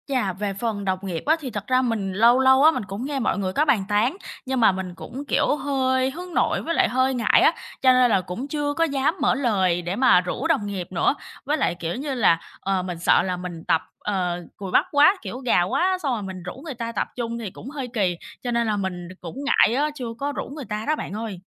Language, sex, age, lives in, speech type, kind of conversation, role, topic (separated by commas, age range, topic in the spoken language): Vietnamese, female, 25-29, Vietnam, conversation, advice, user, Làm sao để không chán khi tập luyện và vẫn tiến bộ?